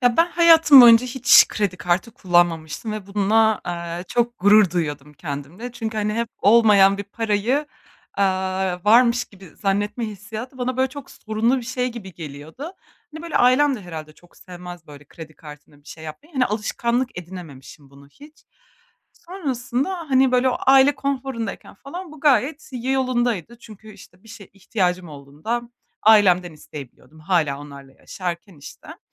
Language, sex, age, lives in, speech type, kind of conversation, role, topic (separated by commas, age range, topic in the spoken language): Turkish, female, 25-29, Germany, conversation, advice, user, Borçlarımı hızlı ve güvenli bir şekilde azaltmaya nereden başlamalıyım?